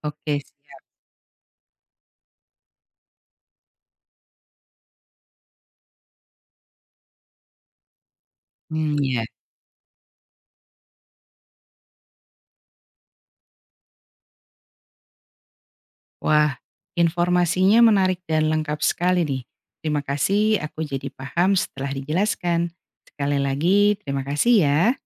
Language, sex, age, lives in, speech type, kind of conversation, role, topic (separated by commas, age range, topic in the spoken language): Indonesian, female, 45-49, Indonesia, read, expert-assistant, asker, Mengapa tubuh kita berkeringat saat cuaca panas?